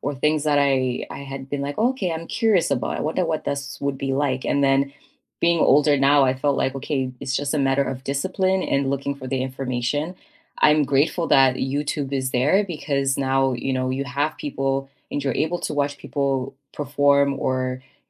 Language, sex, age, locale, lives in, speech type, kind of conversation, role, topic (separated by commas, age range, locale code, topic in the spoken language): English, female, 30-34, en-CA, Canada, conversation, unstructured, none, What are you working toward in your life right now, and what inspires you to pursue it?